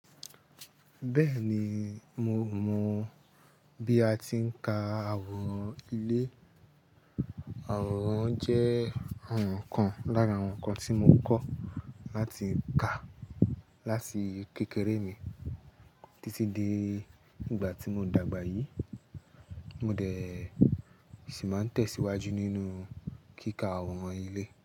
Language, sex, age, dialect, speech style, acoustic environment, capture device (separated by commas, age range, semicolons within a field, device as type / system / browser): Yoruba, male, 25-34, Nigeria - Lagos Yoruba, happy; anxious; tired; focused; excited; relaxed, people talking; music; construction; traffic, mobile / Linux / Chrome